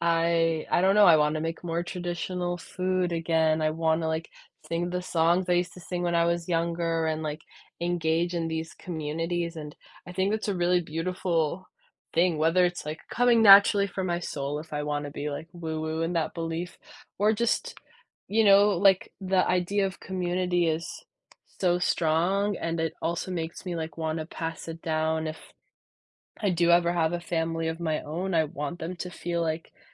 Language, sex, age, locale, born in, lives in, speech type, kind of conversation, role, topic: English, female, 20-24, en-US, United States, United States, conversation, podcast, guest, In what ways do family or cultural traditions influence who we become?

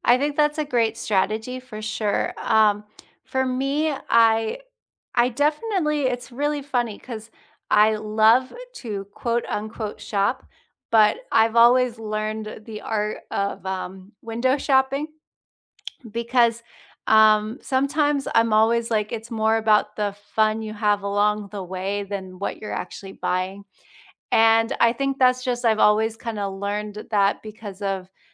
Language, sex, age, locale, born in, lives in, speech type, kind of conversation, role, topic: English, female, 35-39, en-US, United States, United States, conversation, unstructured, none, What is the best way to save money while traveling?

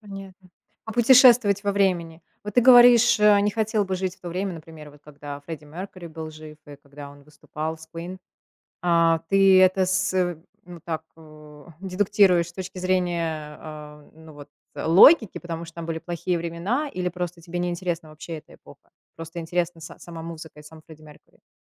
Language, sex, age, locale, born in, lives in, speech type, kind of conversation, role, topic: Russian, female, 35-39, ru-RU, Russia, United States, conversation, podcast, host, Что заставляет тебя ностальгировать при прослушивании трека?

